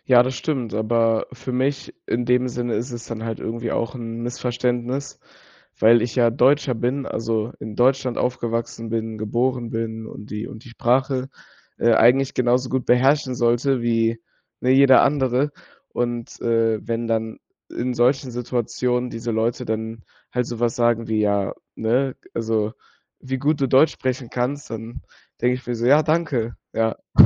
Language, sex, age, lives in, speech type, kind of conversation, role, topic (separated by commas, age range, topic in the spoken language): German, male, 18-19, Germany, conversation, podcast, guest, Wie löst du kulturelle Missverständnisse im Alltag?